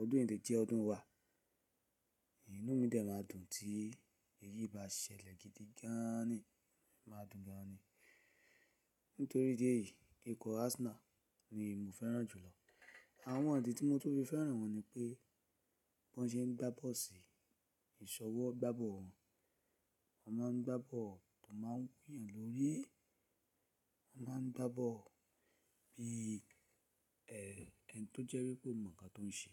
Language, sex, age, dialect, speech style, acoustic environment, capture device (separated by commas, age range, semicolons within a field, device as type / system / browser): Yoruba, male, 35-44, Nigeria - Lagos Yoruba, focused, silence, mobile / Linux / Chrome